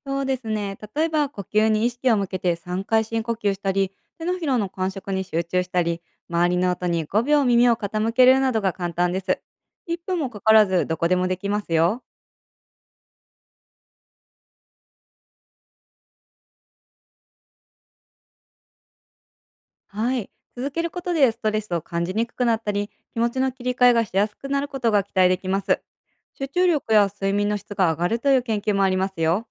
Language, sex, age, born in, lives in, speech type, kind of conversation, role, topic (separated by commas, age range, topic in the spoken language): Japanese, female, 30-34, Japan, United States, read, expert-assistant, expert, 『こころの健康』って、普段の生活でどんなことを指すのでしょう？